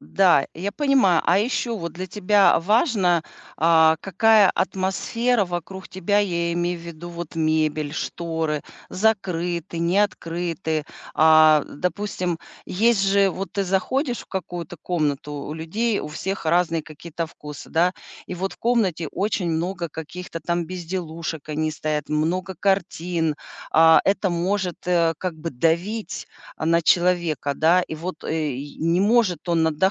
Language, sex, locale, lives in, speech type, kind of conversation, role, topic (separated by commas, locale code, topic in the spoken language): Russian, female, ru-RU, United States, conversation, advice, advisor, Как создать комфортную атмосферу для отдыха?